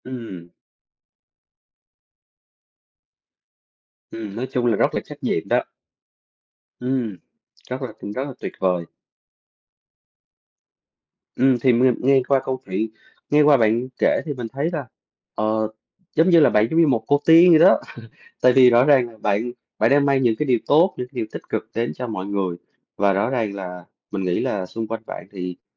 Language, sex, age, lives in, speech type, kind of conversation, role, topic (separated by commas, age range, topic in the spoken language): Vietnamese, male, 30-34, Vietnam, conversation, advice, advisor, Vì sao bạn luôn đặt người khác lên trước và hy sinh nhu cầu của bản thân?